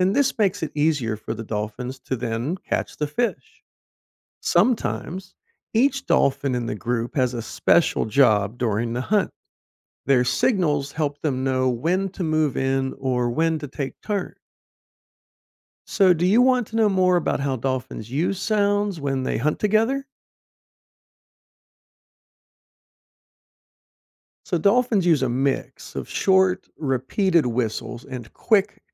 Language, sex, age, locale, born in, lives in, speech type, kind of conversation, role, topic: English, male, 50-54, en-US, United States, United States, read, expert-assistant, expert, How do dolphins communicate and cooperate in the ocean?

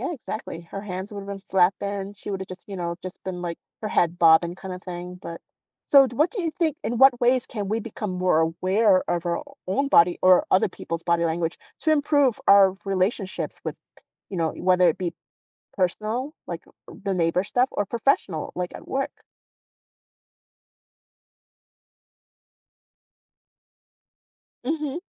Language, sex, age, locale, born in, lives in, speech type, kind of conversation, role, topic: English, female, 45-49, en-US, South Korea, United States, conversation, podcast, host, What role does body language play in communication?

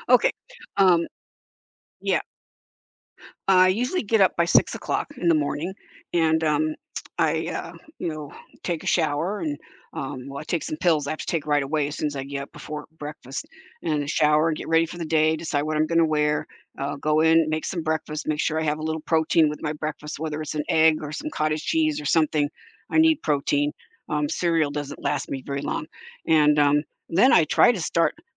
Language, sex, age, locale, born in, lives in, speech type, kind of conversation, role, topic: English, female, 70-74, en-US, United States, United States, conversation, advice, user, How can I manage my time better?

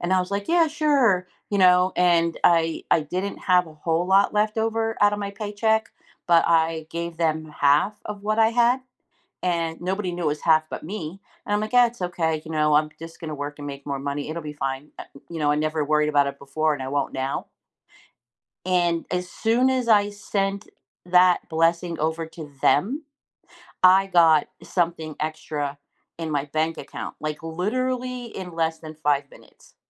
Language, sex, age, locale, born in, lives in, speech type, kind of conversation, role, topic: English, female, 55-59, en-US, United States, United States, conversation, advice, user, How can I meaningfully express gratitude to people who have supported me?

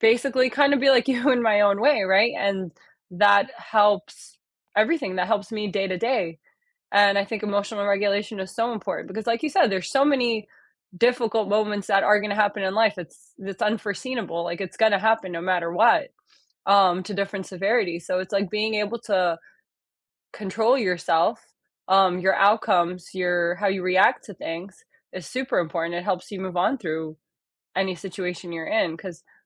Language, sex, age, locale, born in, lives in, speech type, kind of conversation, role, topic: English, female, 30-34, en-US, United States, United States, conversation, unstructured, none, What do you think is the hardest thing to forgive?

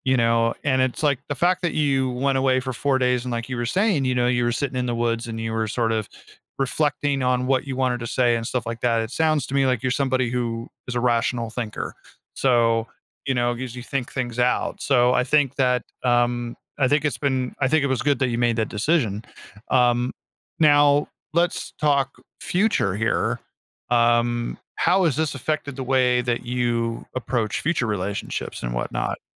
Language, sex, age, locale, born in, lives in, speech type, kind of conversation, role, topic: English, male, 40-44, en-US, United States, United States, conversation, advice, advisor, How can I rebuild trust after a friend's betrayal?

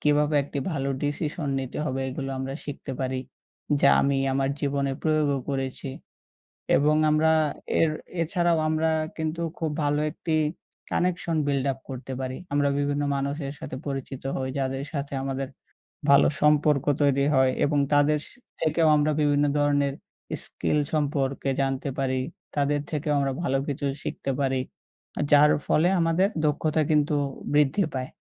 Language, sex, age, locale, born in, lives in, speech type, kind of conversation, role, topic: Bengali, male, 20-24, bn-BD, Bangladesh, Bangladesh, conversation, unstructured, none, তুমি কোন ধরনের খেলা খেলতে সবচেয়ে বেশি আনন্দ পাও?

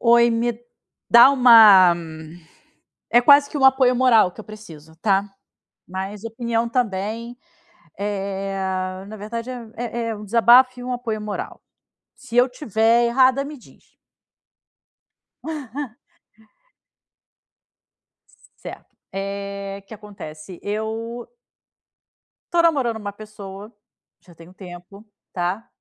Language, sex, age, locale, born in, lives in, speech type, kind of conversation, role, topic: Portuguese, female, 40-44, pt-BR, Brazil, Spain, conversation, advice, user, Quais são as suas dúvidas sobre exclusividade e rótulos no relacionamento?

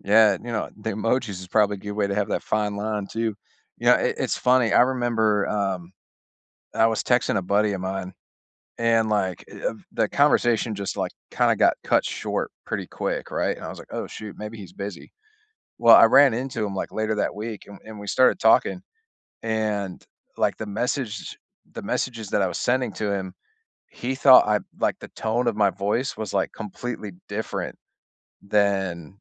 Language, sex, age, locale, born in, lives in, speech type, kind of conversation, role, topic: English, male, 35-39, en-US, United States, United States, conversation, unstructured, none, How do you navigate different communication styles with care and curiosity to strengthen connection?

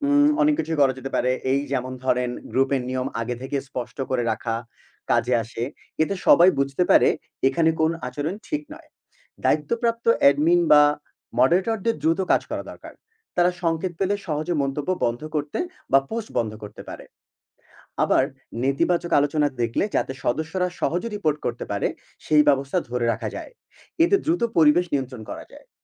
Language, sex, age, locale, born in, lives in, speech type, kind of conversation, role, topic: Bengali, male, 25-29, bn-BD, Bangladesh, Bangladesh, read, expert-assistant, expert, ডিজিটাল মিডিয়ায় ইতিবাচক আচরণ গড়ে তোলার কৌশল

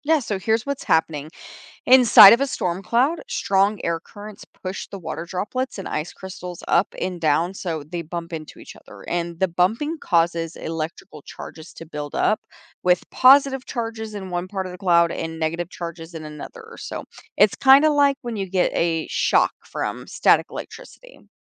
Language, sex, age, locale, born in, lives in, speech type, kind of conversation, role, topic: English, female, 25-29, en-US, United States, United States, read, expert-assistant, expert, How do clouds form in the atmosphere?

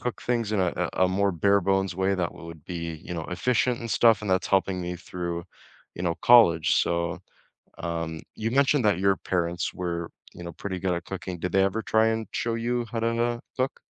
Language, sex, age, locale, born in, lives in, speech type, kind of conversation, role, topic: English, male, 20-24, en-CA, Canada, Canada, conversation, unstructured, none, What’s a skill that seems hard but is easier than you thought?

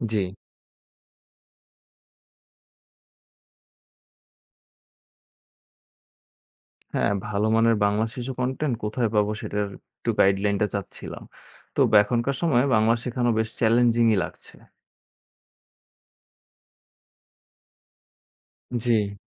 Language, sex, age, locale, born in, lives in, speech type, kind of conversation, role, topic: Bengali, male, 20-24, bn-BD, Bangladesh, Bangladesh, read, expert-assistant, asker, অনেকে বলে বাংলা ভাষা হারিয়ে যাচ্ছে, আবার কেউ বলে ঠিক আছে—বাস্তবে পরিস্থিতিটা কোনটা?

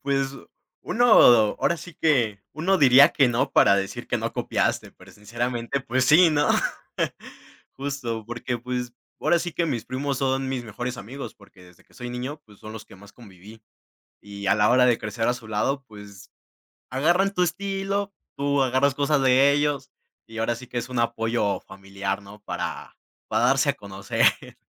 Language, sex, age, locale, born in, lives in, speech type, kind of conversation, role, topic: Spanish, male, 18-19, es-MX, Mexico, Mexico, conversation, podcast, guest, ¿De qué manera influyó tu familia en tu estilo?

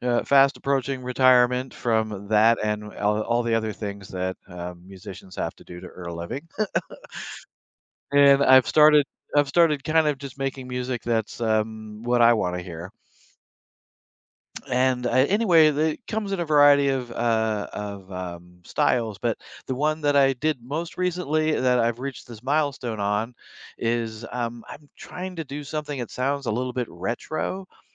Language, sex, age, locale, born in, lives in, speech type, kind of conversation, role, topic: English, male, 60-64, en-US, United States, United States, conversation, advice, user, How can I share my recent achievement in a meaningful way without feeling boastful?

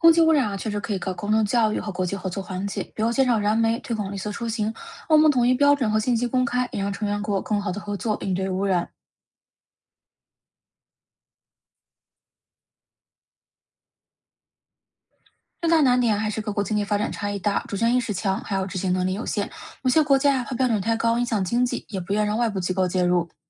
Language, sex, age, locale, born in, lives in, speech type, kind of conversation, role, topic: Chinese, female, 25-29, zh-CN, China, United States, read, expert-assistant, expert, 假如世界上所有国家都需要共享一种资源，比如水或空气，国际关系会发生怎样的变化？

